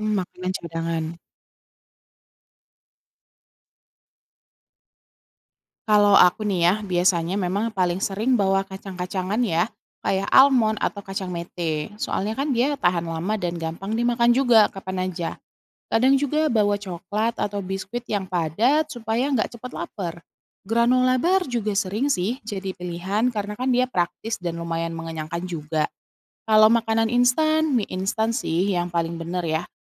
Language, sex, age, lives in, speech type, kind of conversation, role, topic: Indonesian, female, 30-34, Indonesia, read, expert-assistant, asker, Apa saja tips penting untuk pemula yang ingin mulai hiking dan camping?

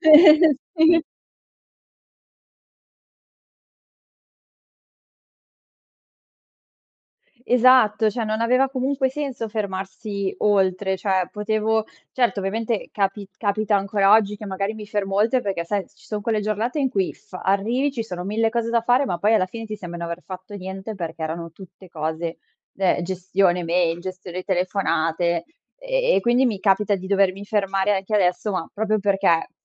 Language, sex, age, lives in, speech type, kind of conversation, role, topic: Italian, female, 25-29, Italy, conversation, podcast, guest, Come riesci a bilanciare lavoro e cura di te?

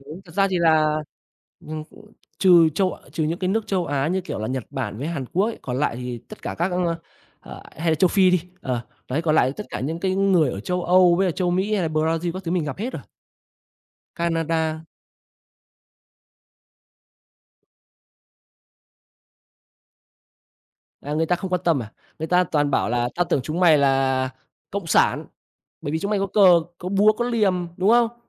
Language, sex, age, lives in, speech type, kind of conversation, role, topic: Vietnamese, male, 25-29, Vietnam, conversation, unstructured, none, Bạn có quan tâm đến tin tức chính trị không, và vì sao?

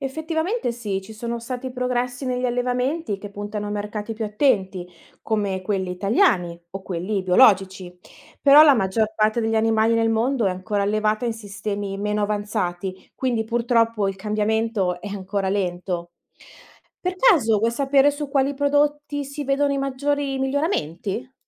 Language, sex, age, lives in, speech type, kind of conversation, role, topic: Italian, female, 30-34, Italy, read, expert-assistant, expert, Cosa garantiscono davvero le certificazioni su benessere animale e prodotti etici?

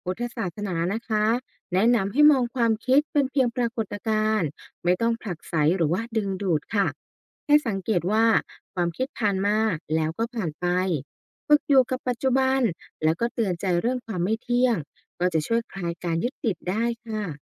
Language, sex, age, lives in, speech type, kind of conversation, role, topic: Thai, female, 35-39, Thailand, read, expert-assistant, expert, การเรียนรู้ประวัติศาสตร์ศาสนาช่วยให้เราตัดสินใจเรื่องสำคัญในชีวิตได้อย่างไร?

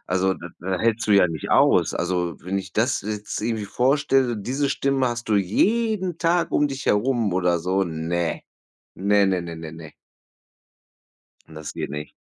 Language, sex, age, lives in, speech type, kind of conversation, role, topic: German, male, 35-39, Germany, conversation, unstructured, none, Wie entscheidest du, ob ihr zusammenbleiben oder euch trennen solltet?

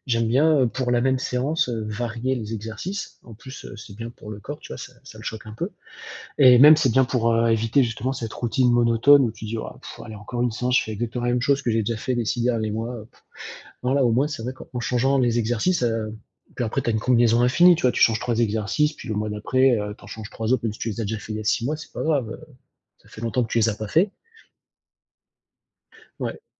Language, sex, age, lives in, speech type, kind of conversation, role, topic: French, male, 35-39, France, conversation, unstructured, none, Quel est le plus grand risque dans ta routine quotidienne ?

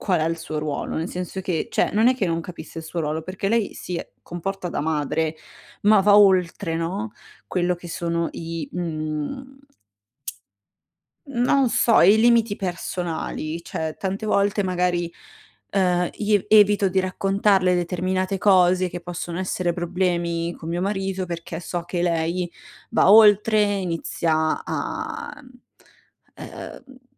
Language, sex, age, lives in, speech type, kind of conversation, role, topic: Italian, female, 60-64, Italy, conversation, advice, user, Come posso riconoscere e far rispettare i miei confini personali?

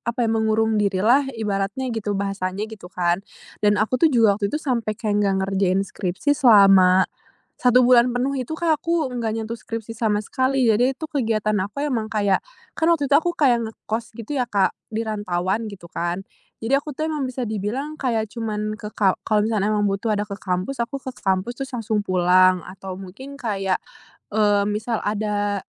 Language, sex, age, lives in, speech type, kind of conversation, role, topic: Indonesian, female, 20-24, Indonesia, conversation, podcast, guest, Bagaimana pengalamanmu memulai lagi kehidupan sosial setelah putus cinta atau kehilangan?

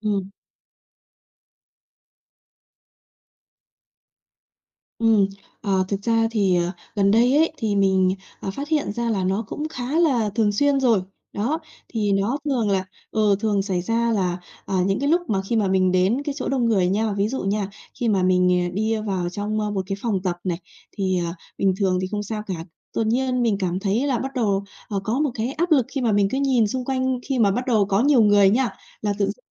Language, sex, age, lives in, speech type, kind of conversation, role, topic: Vietnamese, female, 30-34, Vietnam, conversation, advice, user, Tôi nên làm gì khi cơn hoảng loạn đột ngột xuất hiện lúc đang ở nơi công cộng?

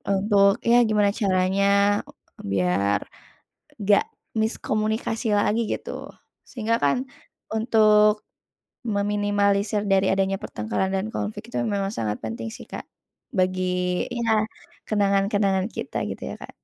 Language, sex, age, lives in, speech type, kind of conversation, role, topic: Indonesian, female, 25-29, Indonesia, conversation, unstructured, none, Kenangan apa yang paling sering memicu pertengkaran atau konflik?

